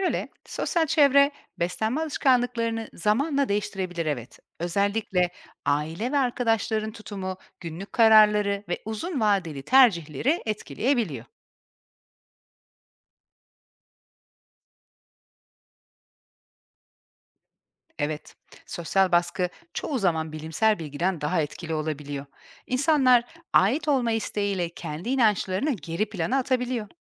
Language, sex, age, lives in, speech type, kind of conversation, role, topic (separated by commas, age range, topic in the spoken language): Turkish, female, 40-44, Portugal, read, expert-assistant, expert, Tarımda genetiği değiştirilmiş ürünler hakkındaki toplumsal algılar neden kültürden kültüre değişiyor sizce?